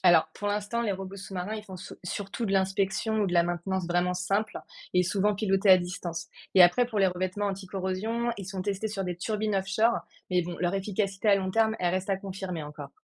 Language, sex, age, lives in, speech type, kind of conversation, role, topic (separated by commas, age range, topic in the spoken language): French, female, 30-34, France, read, expert-assistant, expert, Vaut-il mieux miser sur l’énergie des marées ou celle des vagues pour produire de l’électricité en France ?